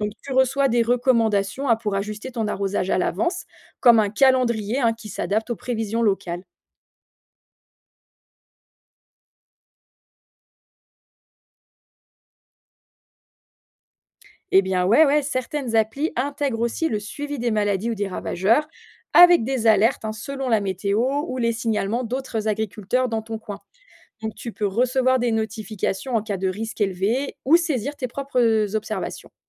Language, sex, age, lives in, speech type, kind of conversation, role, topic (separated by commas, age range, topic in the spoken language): French, female, 45-49, France, read, expert-assistant, expert, Comment puis-je utiliser la technologie pour rendre ma ferme plus productive au quotidien, sans tout changer d’un coup ?